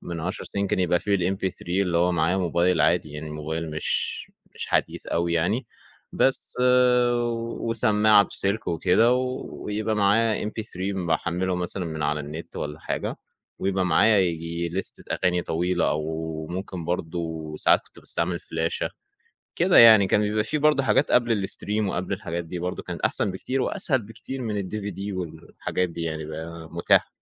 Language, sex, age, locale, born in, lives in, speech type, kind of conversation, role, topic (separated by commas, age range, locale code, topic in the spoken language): Arabic, male, 20-24, ar-EG, Egypt, Egypt, conversation, podcast, guest, إزاي التكنولوجيا غيّرت طريقتك في سماع الموسيقى؟